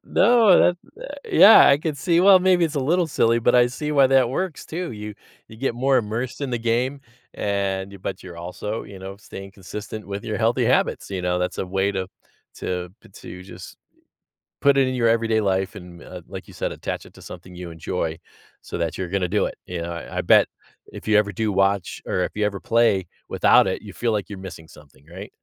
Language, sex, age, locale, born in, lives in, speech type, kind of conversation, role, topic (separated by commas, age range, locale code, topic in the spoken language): English, male, 50-54, en-US, United States, United States, conversation, podcast, host, What strategies help people build and maintain healthy habits over time?